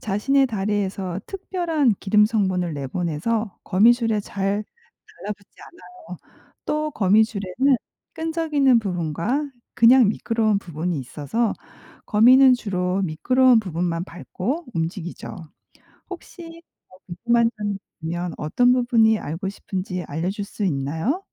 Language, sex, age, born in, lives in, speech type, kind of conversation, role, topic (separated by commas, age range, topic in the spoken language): Korean, female, 45-49, South Korea, United States, read, expert-assistant, expert, 왜 거미는 거미줄을 치는 걸까요?